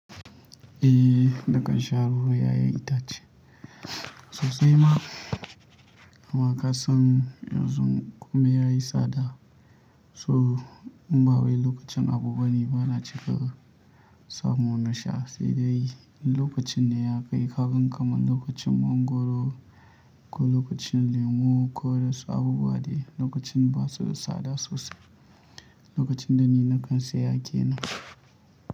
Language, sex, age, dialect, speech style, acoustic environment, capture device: Hausa, male, 25-34, Nigeria - Kano, relaxed, silence, mobile / Linux / Chrome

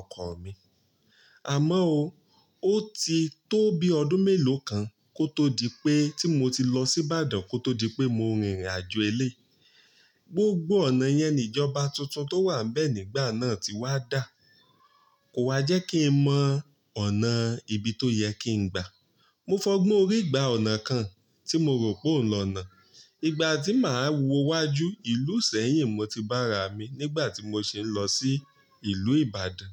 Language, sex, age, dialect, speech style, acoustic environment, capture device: Yoruba, male, 35-44, Nigeria - Ibadan, relaxed, nature, mobile / Linux / Chrome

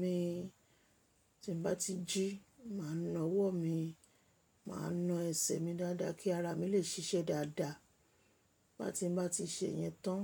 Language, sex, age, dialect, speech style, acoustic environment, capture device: Yoruba, female, 25-34, Nigeria - Lagos Yoruba, relaxed; happy, silence, mobile / Linux / Chrome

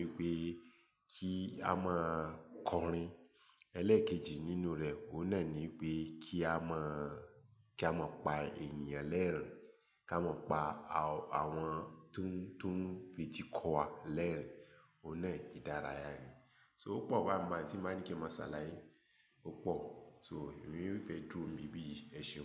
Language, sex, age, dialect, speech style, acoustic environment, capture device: Yoruba, male, 35-44, Nigeria - Lagos Yoruba, focused, silence, mobile / Linux / Chrome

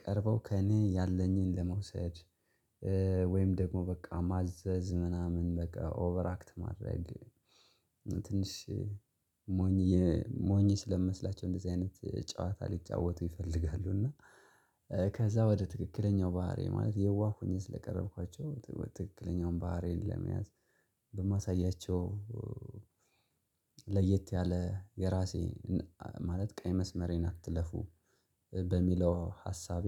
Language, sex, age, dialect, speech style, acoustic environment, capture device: Amharic, male, 25-34, Ethiopia - Gojjam, relaxed, nature, mobile / Linux / Chrome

